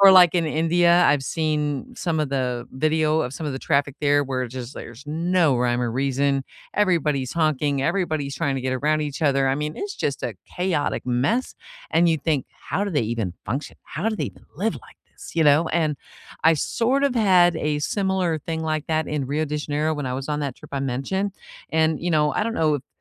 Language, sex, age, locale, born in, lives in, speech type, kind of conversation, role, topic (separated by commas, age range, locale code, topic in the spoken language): English, female, 55-59, en-US, United States, United States, conversation, unstructured, none, What is the most memorable public transit ride you’ve taken, and what did you learn from it?